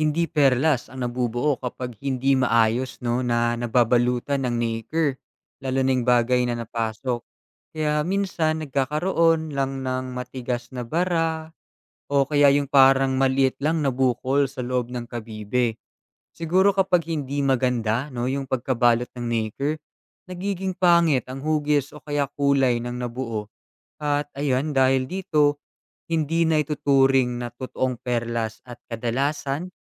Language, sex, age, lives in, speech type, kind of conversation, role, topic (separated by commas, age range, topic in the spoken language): Filipino, male, 20-24, Philippines, read, expert-assistant, expert, Paano nabubuo ang perlas sa loob ng kabibe?